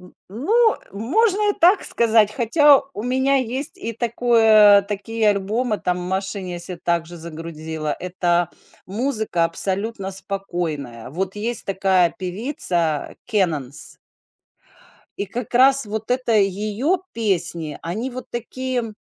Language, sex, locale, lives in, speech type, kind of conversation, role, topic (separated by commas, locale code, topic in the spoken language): Russian, female, ru-RU, United States, conversation, podcast, guest, Что заставило тебя изменить свои музыкальные предпочтения?